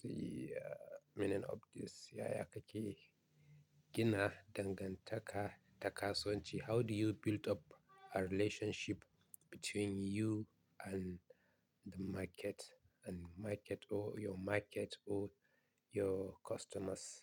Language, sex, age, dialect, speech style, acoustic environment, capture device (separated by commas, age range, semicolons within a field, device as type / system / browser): Hausa, male, 25-34, Nigeria - Katsina, relaxed, nature; appliances, mobile / Linux / Chrome